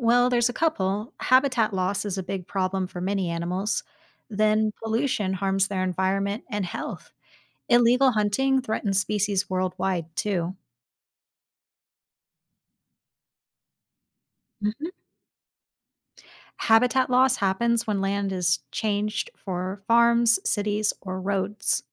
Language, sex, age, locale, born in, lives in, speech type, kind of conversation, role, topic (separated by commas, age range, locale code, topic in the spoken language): English, female, 30-34, en-US, United States, United States, read, expert-assistant, expert, What challenges do endangered species encounter?